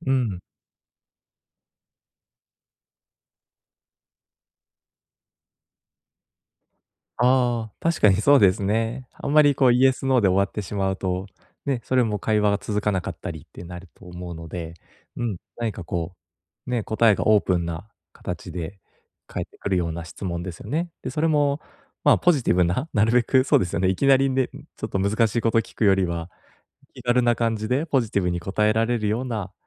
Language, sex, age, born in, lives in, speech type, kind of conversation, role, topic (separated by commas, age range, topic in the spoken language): Japanese, male, 30-34, Japan, Japan, conversation, advice, user, 会話を始めるときに自信を持つにはどうすればいいですか？